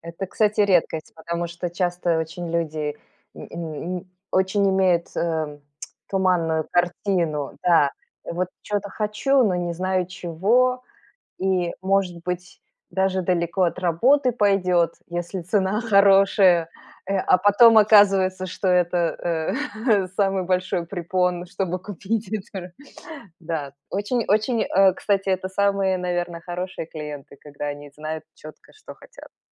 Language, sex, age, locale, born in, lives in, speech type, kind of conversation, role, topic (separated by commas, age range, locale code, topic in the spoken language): Russian, female, 45-49, ru-RU, Belarus, United States, conversation, podcast, host, Как вы покупали своё первое жильё?